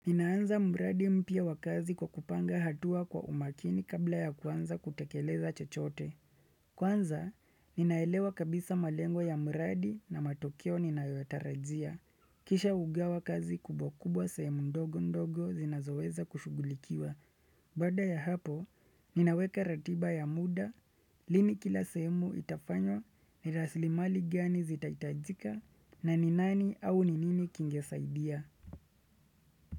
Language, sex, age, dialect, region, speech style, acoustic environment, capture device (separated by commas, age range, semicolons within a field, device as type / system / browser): Swahili, male, 25-34, Kenya - Nairobi Sheng-influenced, Kenya, relaxed; focused, nature; silence, desktop / Windows / Chrome